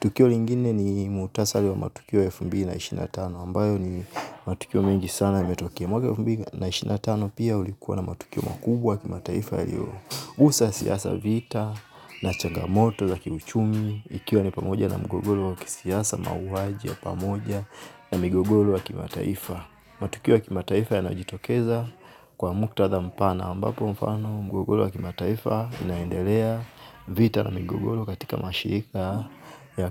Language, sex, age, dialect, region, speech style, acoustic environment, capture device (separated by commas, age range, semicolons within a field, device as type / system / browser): Swahili, male, 25-34, Tanzania - Dar es Salaam, Tanzania, relaxed; focused, silence, mobile / Linux / Chrome